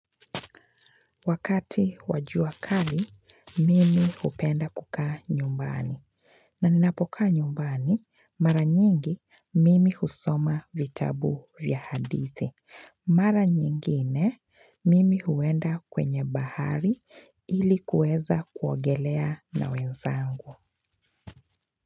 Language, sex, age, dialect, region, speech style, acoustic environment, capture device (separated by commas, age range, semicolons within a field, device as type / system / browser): Swahili, female, 25-34, Kenya - Mombasa, Kenya, happy, nature, mobile / Linux / Chrome